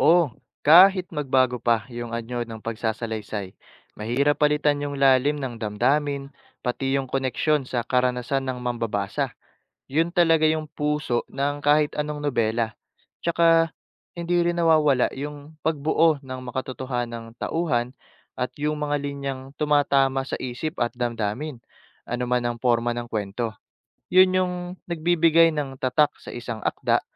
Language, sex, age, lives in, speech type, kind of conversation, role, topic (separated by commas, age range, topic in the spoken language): Filipino, male, 20-24, Philippines, read, expert-assistant, expert, Alin ang mas tumatagos sa damdamin: nobelang isinulat sa Ingles o sa Filipino?